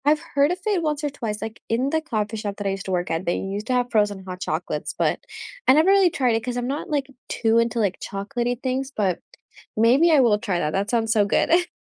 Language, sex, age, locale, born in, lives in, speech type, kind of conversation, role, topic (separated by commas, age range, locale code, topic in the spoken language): English, female, 18-19, en-US, India, United States, conversation, unstructured, none, What is a simple way you like to recharge, and how can we make space for it together?